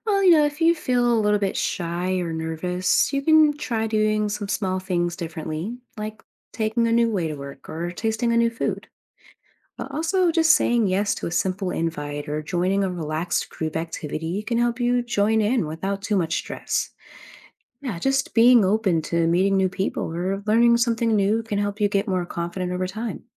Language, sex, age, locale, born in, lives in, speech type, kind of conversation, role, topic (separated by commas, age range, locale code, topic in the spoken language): English, female, 30-34, en-US, United States, United States, read, expert-assistant, expert, What are the advantages of being open to new experiences?